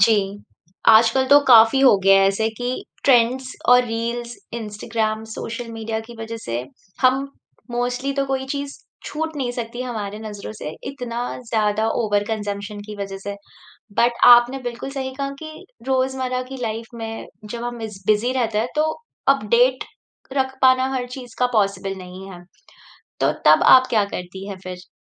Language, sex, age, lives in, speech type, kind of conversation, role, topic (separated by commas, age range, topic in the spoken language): Hindi, female, 30-34, India, conversation, podcast, host, Tum hamesha curious rehne ke liye kya karte ho?